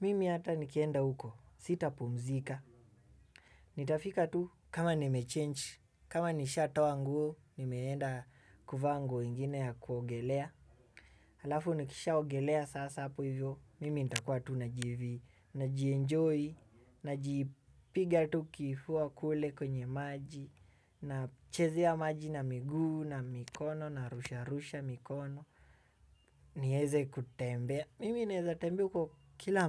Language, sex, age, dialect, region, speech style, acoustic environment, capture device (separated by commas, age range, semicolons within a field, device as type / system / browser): Swahili, male, 18-24, Kenya - Nairobi Sheng-influenced, Kenya, excited; focused, appliances; music, desktop / Windows / Chrome